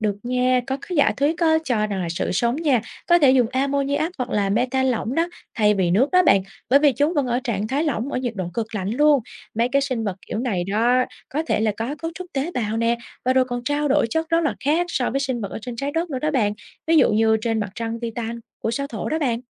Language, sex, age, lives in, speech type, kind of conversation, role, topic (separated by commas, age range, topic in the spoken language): Vietnamese, female, 25-29, Vietnam, read, expert-assistant, expert, Bạn thường tìm hiểu thông tin mới về các hành tinh ở đâu? Có nguồn nào bạn thấy dễ hiểu và thú vị không?